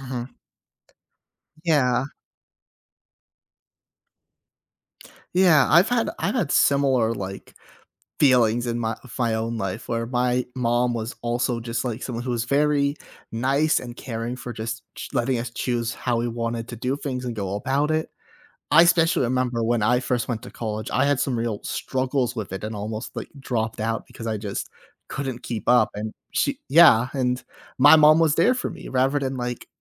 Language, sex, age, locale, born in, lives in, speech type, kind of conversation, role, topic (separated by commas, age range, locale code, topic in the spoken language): English, male, 25-29, en-US, United States, United States, conversation, podcast, host, What factors should you consider when choosing a career direction?